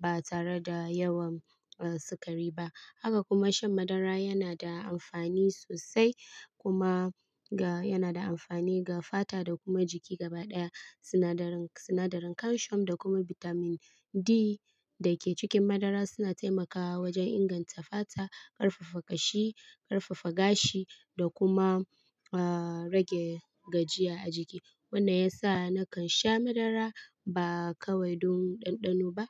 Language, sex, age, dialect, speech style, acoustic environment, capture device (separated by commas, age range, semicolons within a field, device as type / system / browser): Hausa, female, 25-34, Nigeria - Kano, happy; relaxed; excited, people talking; appliances, mobile / Linux / Chrome